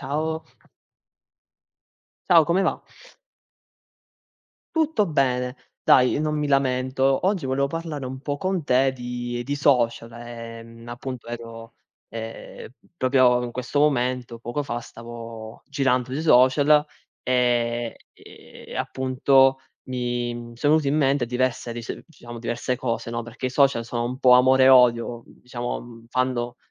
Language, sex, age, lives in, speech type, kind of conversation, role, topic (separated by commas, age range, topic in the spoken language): Italian, male, 20-24, Italy, conversation, unstructured, none, Come ti senti riguardo agli influencer sui social network?